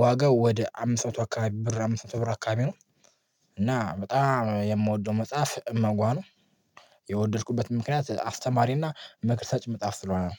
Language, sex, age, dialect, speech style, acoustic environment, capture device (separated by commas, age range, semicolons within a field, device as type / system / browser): Amharic, male, 18-24, Ethiopia - Addis Ababa, happy, people talking, mobile / Linux / Chrome